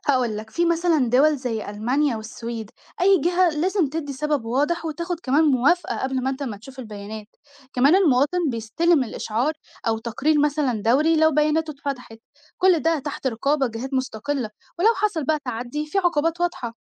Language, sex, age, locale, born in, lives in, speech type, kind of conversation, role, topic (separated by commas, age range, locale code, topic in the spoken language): Arabic, female, 18-19, ar-EG, Egypt, Egypt, read, expert-assistant, expert, لو فيك تخلّي الدعم يوصل للناس اللي محتاجاه بذكاء أكتر، هتعملها إزاي؟